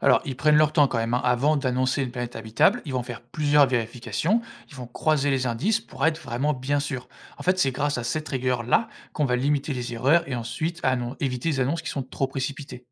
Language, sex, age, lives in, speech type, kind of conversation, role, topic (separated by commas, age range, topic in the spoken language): French, male, 25-29, France, read, expert-assistant, expert, Comment les scientifiques s’organisent-ils pour savoir si une planète lointaine pourrait être habitée ?